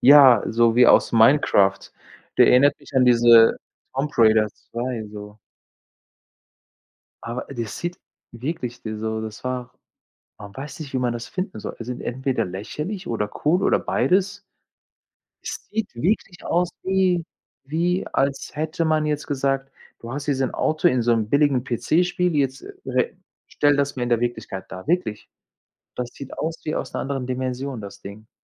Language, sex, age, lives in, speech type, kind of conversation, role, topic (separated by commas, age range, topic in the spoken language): German, male, 45-49, Germany, conversation, unstructured, none, Welche Erfindung findest du in letzter Zeit am spannendsten?